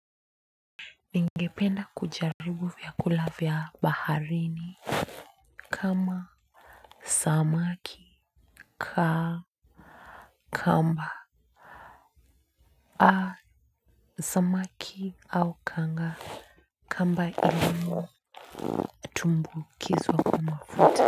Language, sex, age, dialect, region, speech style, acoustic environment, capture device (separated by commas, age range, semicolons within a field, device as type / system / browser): Swahili, female, 25-34, Kenya - Nairobi Sheng-influenced, Kenya, focused, silence; nature, mobile / Linux / Chrome